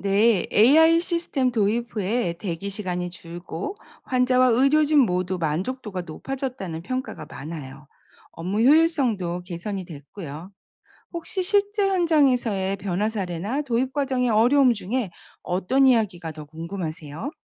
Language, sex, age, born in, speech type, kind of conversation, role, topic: Korean, female, 50-54, South Korea, read, expert-assistant, expert, 병원 진료 순서를 효율적으로 관리하는 방법은 무엇인가요?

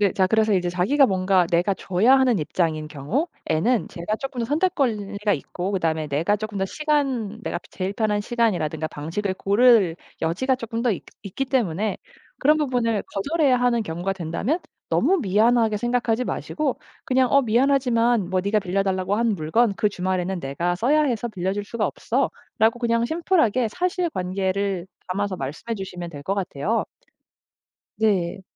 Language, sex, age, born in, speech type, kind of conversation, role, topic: Korean, female, 35-39, South Korea, conversation, podcast, guest, 거절해야 할 때 어떻게 말해야 하나요?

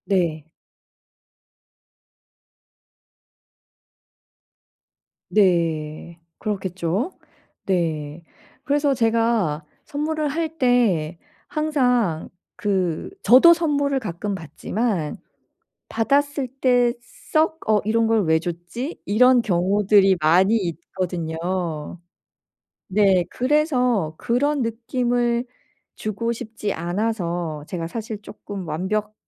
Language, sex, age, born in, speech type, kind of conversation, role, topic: Korean, female, 45-49, South Korea, conversation, advice, user, 친구 생일선물을 무엇으로 고르면 좋을까요?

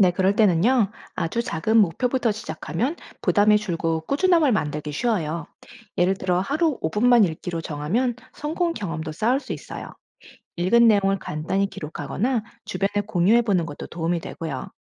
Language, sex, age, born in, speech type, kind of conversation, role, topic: Korean, female, 40-44, United States, read, expert-assistant, expert, 작은 변화로 자기계발을 꾸준히 이어가는 비결은 무엇일까요?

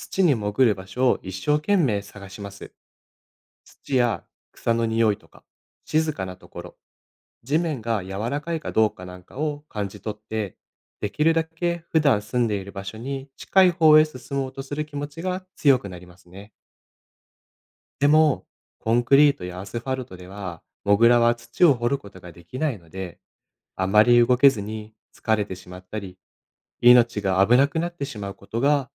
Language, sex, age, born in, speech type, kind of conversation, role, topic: Japanese, male, 25-29, Japan, read, expert-assistant, expert, どうしてモグラは目が小さいのか？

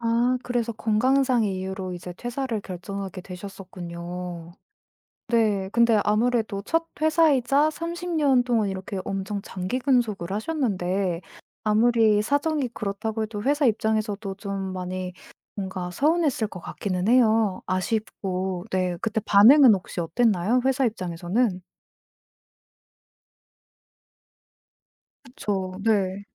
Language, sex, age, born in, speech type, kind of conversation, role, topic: Korean, female, 25-29, South Korea, conversation, podcast, host, 퇴사를 결심하게 된 계기는 무엇이었나요?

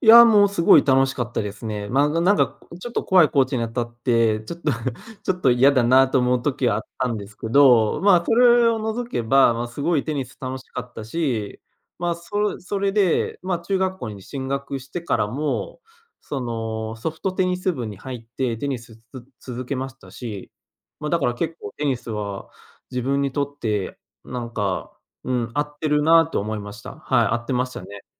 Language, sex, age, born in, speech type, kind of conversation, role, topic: Japanese, male, 25-29, Japan, conversation, podcast, guest, その趣味を始めたきっかけは何でしたか？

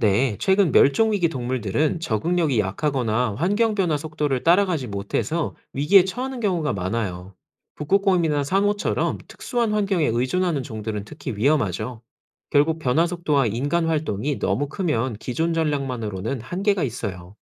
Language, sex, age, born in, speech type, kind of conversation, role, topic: Korean, male, 30-34, South Korea, read, expert-assistant, expert, 공룡의 멸종 원인 중, 소행성 충돌설과 화산 폭발설 중 어떤 것이 더 설득력 있는가?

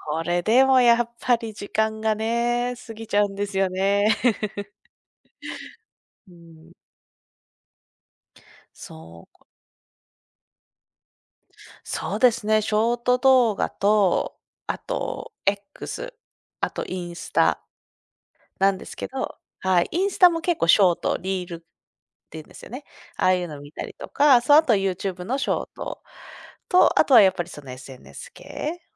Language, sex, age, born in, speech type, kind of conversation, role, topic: Japanese, female, 30-34, Japan, conversation, advice, user, 夜にスマホをやめられず睡眠が乱れてしまうのですが、どうすれば改善できますか？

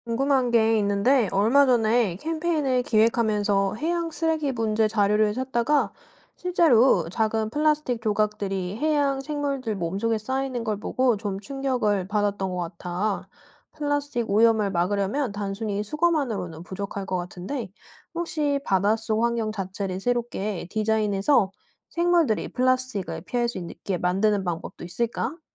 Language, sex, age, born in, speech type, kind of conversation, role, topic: Korean, female, 30-34, South Korea, read, expert-assistant, asker, 해양 플라스틱 오염을 줄이기 위한 효과적인 방법은 무엇인가요?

